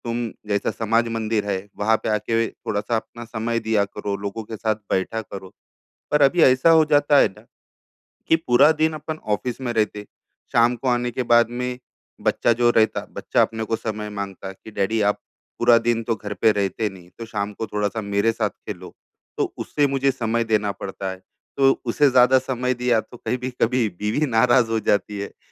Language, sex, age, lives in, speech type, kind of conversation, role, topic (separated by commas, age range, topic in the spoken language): Hindi, male, 35-39, India, conversation, advice, user, पार्टी और उत्सवों में सामाजिक अपेक्षाओं और अपनी व्यक्तिगत सीमाओं का सामना कैसे करूँ?